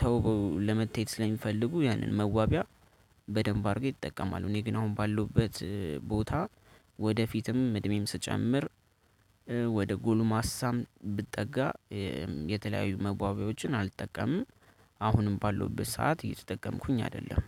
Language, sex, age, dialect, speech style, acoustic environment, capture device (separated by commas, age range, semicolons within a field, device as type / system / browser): Amharic, male, 18-24, Ethiopia - Addis Ababa, happy, nature, desktop / Linux / Chrome